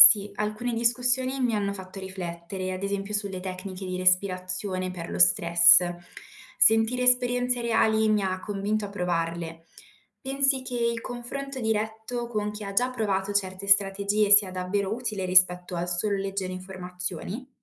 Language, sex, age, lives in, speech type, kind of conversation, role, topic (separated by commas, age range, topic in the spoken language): Italian, female, 20-24, Italy, read, expert-assistant, asker, Come posso riconoscere una buona fonte quando cerco tecniche per ridurre lo stress?